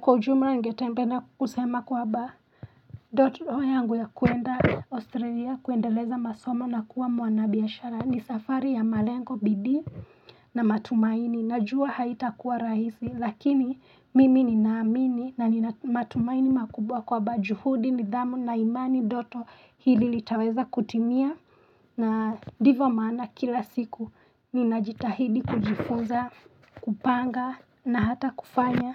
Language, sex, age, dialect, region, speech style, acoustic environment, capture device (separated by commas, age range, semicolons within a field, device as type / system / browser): Swahili, female, 25-34, Kenya - Nairobi Sheng-influenced, Kenya, happy; relaxed; focused; excited, nature; silence, mobile / Linux / Chrome